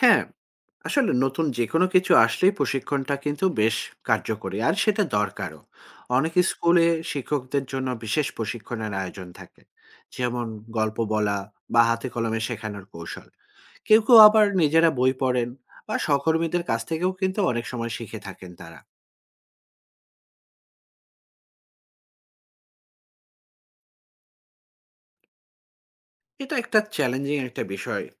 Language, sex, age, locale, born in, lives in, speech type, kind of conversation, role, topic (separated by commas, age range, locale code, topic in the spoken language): Bengali, male, 40-44, bn-BD, Bangladesh, Finland, read, expert-assistant, expert, আজকের শিক্ষাব্যবস্থায় শিক্ষার্থীদের আগ্রহ বাড়াতে কী কী নতুন পদ্ধতি চালু করা যায়?